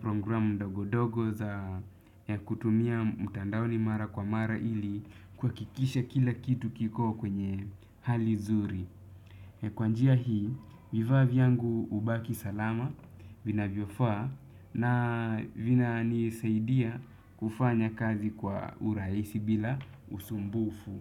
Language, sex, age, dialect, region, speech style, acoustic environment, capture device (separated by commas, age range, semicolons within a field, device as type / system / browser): Swahili, male, 25-34, Kenya - Nairobi Sheng-influenced, Kenya, relaxed, silence, desktop / Windows / Chrome